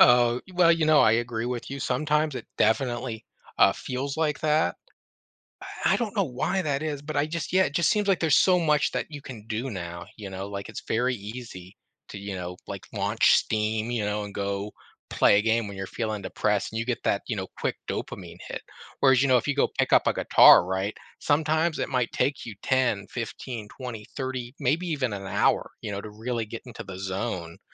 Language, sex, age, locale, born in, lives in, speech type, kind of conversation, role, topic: English, male, 35-39, en-US, United States, United States, conversation, podcast, guest, In what ways does expressing yourself shape who you are and how you connect with others?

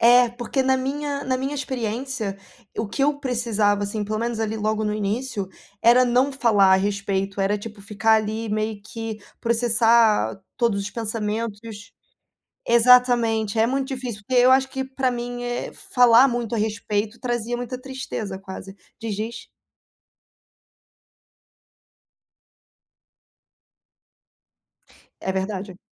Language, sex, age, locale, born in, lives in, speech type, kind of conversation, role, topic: Portuguese, female, 25-29, pt-BR, Brazil, Portugal, conversation, unstructured, none, Como você lida com a perda de alguém querido?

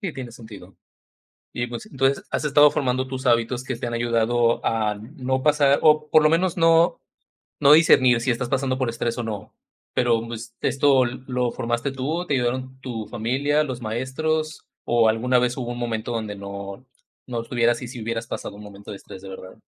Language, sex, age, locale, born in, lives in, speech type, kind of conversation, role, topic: Spanish, male, 35-39, es-MX, Mexico, Mexico, conversation, podcast, host, ¿Cómo manejas la ansiedad antes de un examen?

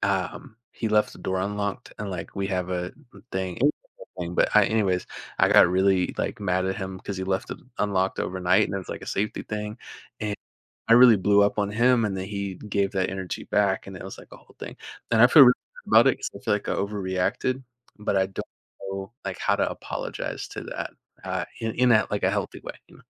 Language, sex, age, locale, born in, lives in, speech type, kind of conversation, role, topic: English, male, 20-24, en-US, United States, United States, conversation, advice, user, How can I apologize after a harsh argument?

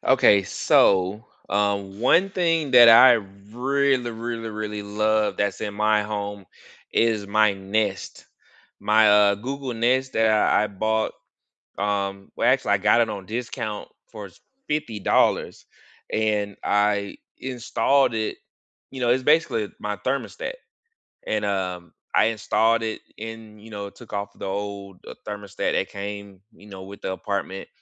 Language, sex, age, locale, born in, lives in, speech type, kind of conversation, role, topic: English, male, 35-39, en-US, United States, United States, conversation, unstructured, none, Which small, budget-friendly energy savers and comfort upgrades have actually made your home cozier and cheaper to run?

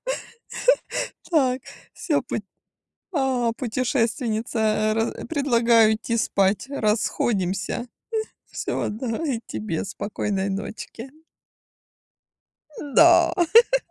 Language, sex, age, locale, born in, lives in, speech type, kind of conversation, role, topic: Russian, female, 40-44, ru-RU, Ukraine, Spain, conversation, unstructured, none, Какое путешествие оставило в вашем сердце самые тёплые воспоминания?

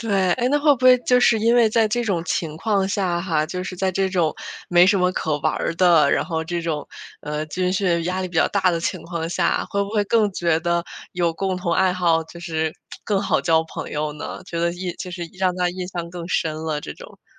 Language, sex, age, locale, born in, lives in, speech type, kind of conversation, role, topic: Chinese, female, 25-29, zh-CN, China, United States, conversation, podcast, host, 你是怎么通过兴趣爱好结识并结交朋友的？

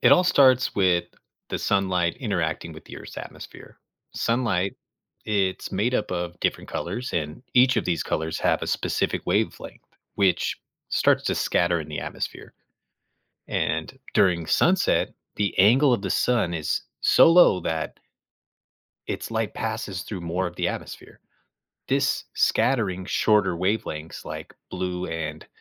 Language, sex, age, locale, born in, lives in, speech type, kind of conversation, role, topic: English, male, 35-39, en-US, United States, United States, read, expert-assistant, expert, What causes the sky to change colors during sunset?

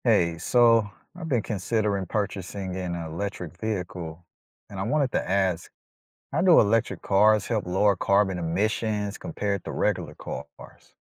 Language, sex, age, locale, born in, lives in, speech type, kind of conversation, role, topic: English, male, 35-39, en-US, United States, United States, read, expert-assistant, asker, How do electric vehicles help reduce carbon emissions?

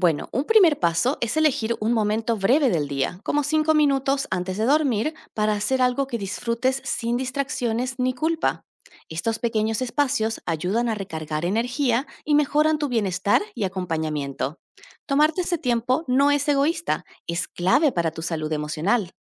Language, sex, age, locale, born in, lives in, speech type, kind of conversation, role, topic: Spanish, female, 30-34, es-AR, Argentina, Netherlands, read, expert-assistant, expert, ¿Cómo puedo equilibrar el cuidado de los pacientes con mi propio bienestar emocional sin sentirme culpable?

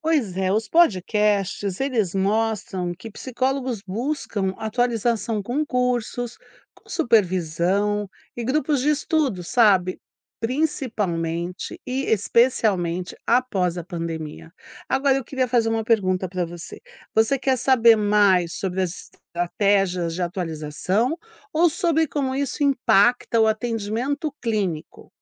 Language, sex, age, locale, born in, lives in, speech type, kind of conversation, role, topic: Portuguese, female, 60-64, pt-BR, Brazil, United States, read, expert-assistant, expert, Quais podcasts ou programas de rádio trazem conversas profundas sobre psicologia clínica de um jeito acessível?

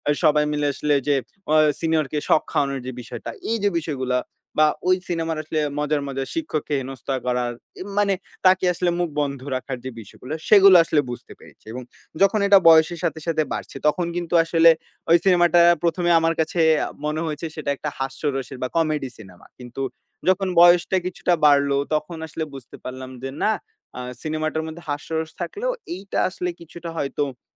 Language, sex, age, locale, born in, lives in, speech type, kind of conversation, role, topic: Bengali, male, 20-24, bn-BD, Bangladesh, Bangladesh, conversation, podcast, guest, আপনার প্রিয় সিনেমাটি আপনার কাছে কেন বিশেষ?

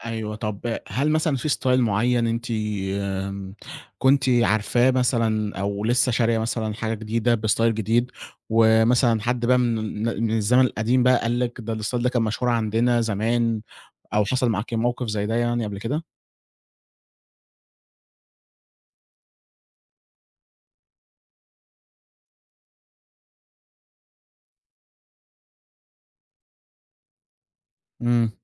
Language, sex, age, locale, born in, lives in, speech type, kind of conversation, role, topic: Arabic, male, 20-24, ar-EG, Egypt, Egypt, conversation, podcast, host, إيه رأيك بصراحة في الموضة السريعة؟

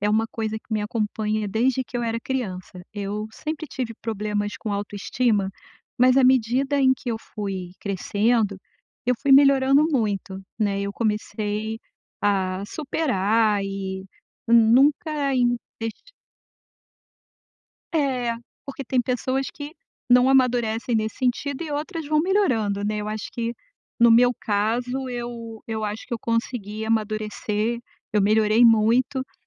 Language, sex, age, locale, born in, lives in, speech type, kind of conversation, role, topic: Portuguese, female, 55-59, pt-BR, Brazil, United States, conversation, advice, user, Como posso manter minha autoestima depois de receber um feedback negativo?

